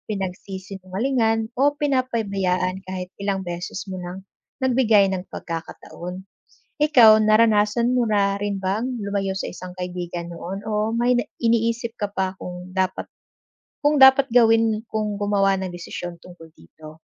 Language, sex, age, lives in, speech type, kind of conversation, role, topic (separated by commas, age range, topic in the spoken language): Filipino, female, 25-29, Philippines, read, expert-assistant, expert, Ano ang mga paraan upang maging mabuting kaibigan?